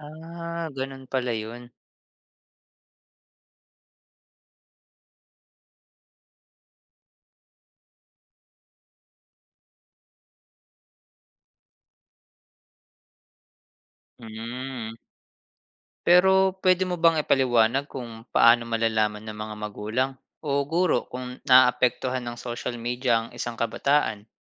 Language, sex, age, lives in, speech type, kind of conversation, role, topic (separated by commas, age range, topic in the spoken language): Filipino, male, 30-34, Philippines, read, expert-assistant, asker, Ano ang mga epekto ng labis na paggamit ng social media sa kabataan?